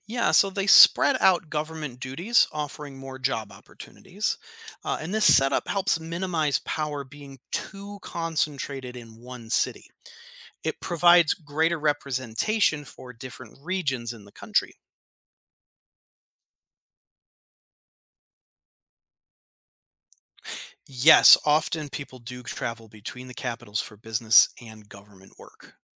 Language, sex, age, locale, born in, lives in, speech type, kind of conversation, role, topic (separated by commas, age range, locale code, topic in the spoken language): English, male, 35-39, en-US, United States, United States, read, expert-assistant, expert, What is the capital of South Africa?